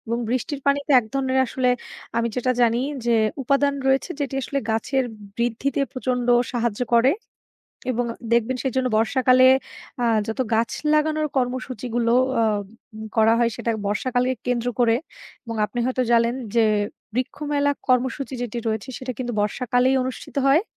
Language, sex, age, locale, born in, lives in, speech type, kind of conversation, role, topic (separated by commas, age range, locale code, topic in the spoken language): Bengali, female, 25-29, bn-BD, Bangladesh, Bangladesh, conversation, unstructured, none, গাছ কাটা বন্ধ করা কেন জরুরি বলে আপনি মনে করেন?